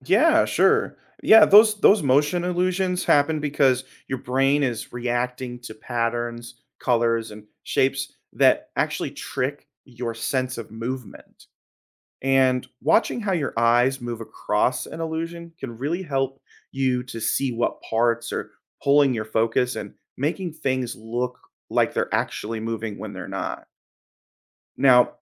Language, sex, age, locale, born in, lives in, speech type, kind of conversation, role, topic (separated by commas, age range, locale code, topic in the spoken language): English, male, 30-34, en-US, United States, United States, read, expert-assistant, expert, Why do we experience optical illusions?